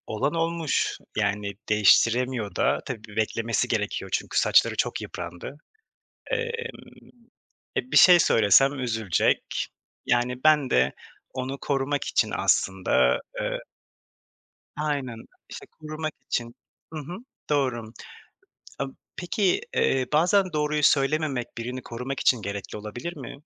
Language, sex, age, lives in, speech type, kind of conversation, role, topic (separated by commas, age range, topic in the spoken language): Turkish, male, 25-29, Poland, conversation, unstructured, none, İnsanlar her zaman doğruyu söylemeli mi?